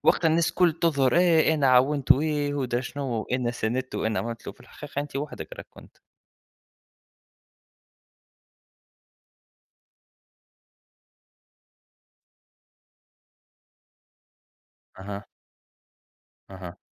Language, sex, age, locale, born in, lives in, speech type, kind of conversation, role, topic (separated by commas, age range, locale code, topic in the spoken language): Arabic, male, 30-34, ar-TN, Tunisia, United States, conversation, unstructured, none, إزاي بتتعامل مع الفشل لما يحصل؟